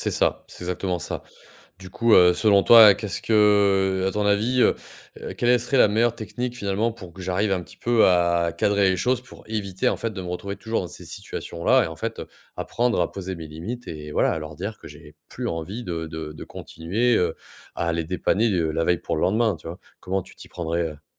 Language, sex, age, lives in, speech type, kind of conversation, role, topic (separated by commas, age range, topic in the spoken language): French, male, 30-34, France, conversation, advice, user, Pourquoi ai-je du mal à poser des limites par peur de déplaire ?